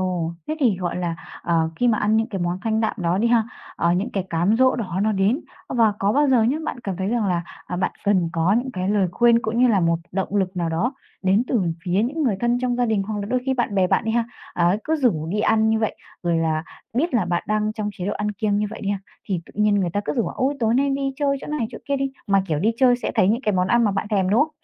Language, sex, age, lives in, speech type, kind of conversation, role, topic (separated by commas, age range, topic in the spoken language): Vietnamese, female, 20-24, Vietnam, conversation, advice, advisor, Vì sao tôi thường bỏ dở chế độ ăn kiêng chỉ sau vài ngày cố gắng?